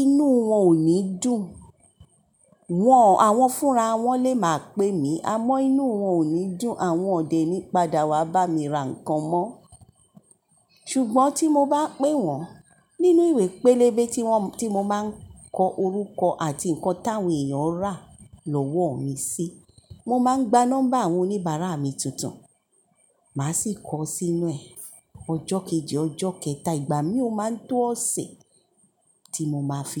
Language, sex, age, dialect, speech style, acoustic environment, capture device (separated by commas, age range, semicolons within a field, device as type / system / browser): Yoruba, male, 18-24, Nigeria - Lagos Yoruba, relaxed, silence, mobile / Linux / Chrome